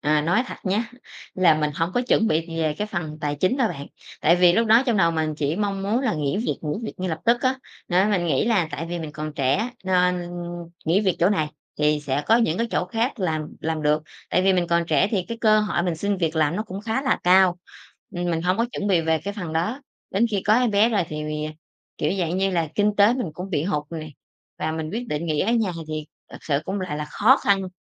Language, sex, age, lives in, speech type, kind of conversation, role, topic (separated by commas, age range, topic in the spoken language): Vietnamese, female, 30-34, Vietnam, conversation, podcast, guest, Vì sao bạn quyết định rời bỏ một công việc ổn định?